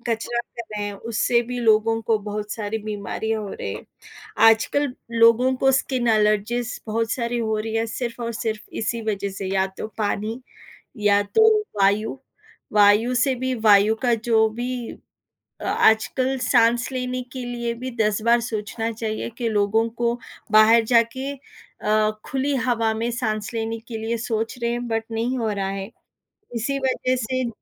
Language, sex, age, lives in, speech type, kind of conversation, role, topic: Hindi, female, 30-34, India, conversation, unstructured, none, आपके अनुसार प्रदूषण कम करने के लिए सबसे बड़ा कदम क्या हो सकता है?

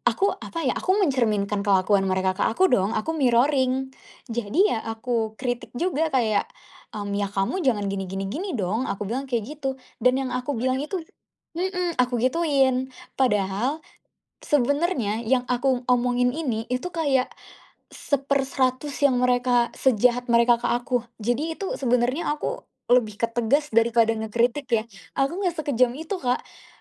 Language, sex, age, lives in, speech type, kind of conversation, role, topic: Indonesian, female, 20-24, Indonesia, conversation, advice, user, Bagaimana cara menyikapi teman yang terus-menerus mengkritik pilihan hidup saya?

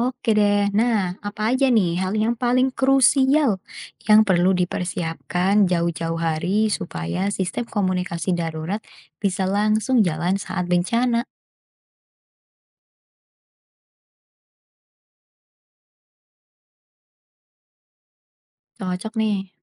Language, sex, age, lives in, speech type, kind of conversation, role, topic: Indonesian, female, 20-24, Indonesia, read, expert-assistant, asker, Bagaimana cara menghadapi tantangan komunikasi saat harus memberikan peringatan cuaca ekstrem kepada masyarakat yang tinggal di daerah terpencil?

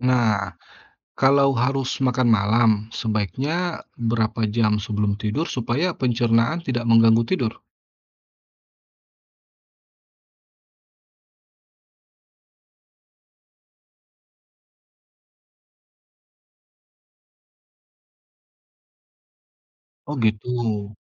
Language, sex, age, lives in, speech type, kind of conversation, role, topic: Indonesian, male, 35-39, Indonesia, read, expert-assistant, asker, Mengapa kita harus tidur setiap malam?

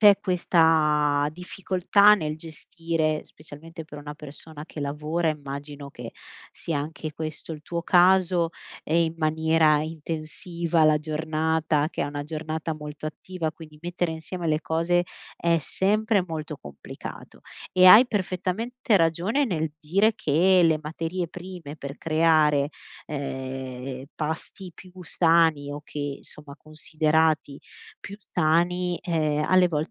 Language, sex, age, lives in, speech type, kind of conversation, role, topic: Italian, female, 35-39, Italy, conversation, advice, advisor, Come posso pianificare pasti sani ed economici senza spendere troppo?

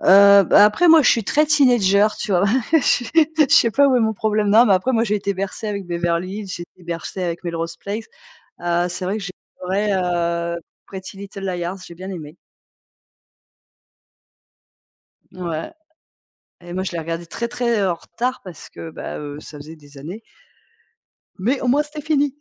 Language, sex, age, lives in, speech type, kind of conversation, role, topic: French, female, 45-49, France, conversation, unstructured, none, Quels éléments d’une série télévisée vous accrochent dès le premier épisode ?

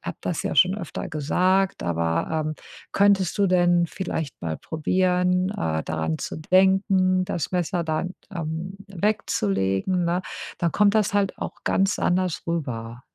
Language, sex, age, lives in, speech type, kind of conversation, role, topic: German, female, 50-54, United States, conversation, advice, advisor, Wie kann ich Kritik ruhig annehmen, ohne mich emotional überwältigt zu fühlen?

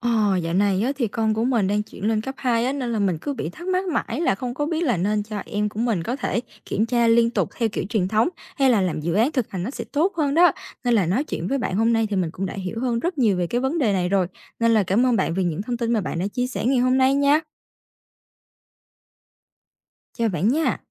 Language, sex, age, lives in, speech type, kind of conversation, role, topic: Vietnamese, female, 18-19, Vietnam, read, expert-assistant, asker, Học kiểm tra liên tục hay làm dự án thực hành tốt hơn cho học sinh cấp hai?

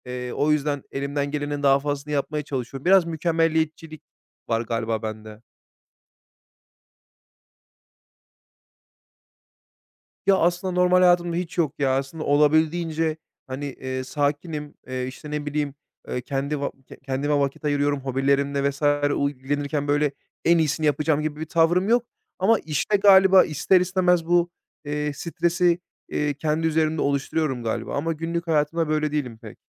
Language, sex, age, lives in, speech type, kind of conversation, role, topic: Turkish, male, 30-34, Bulgaria, conversation, podcast, guest, İş ve özel hayatı dengede tutmak için neler yapıyorsun?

